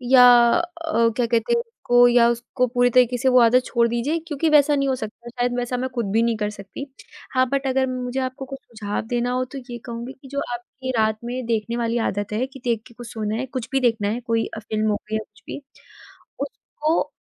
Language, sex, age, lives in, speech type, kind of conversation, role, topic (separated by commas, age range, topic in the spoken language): Hindi, female, 20-24, India, conversation, advice, advisor, सुबह बहुत जल्दी जाग जाने के बाद फिर से नींद न आना कैसा लगता है?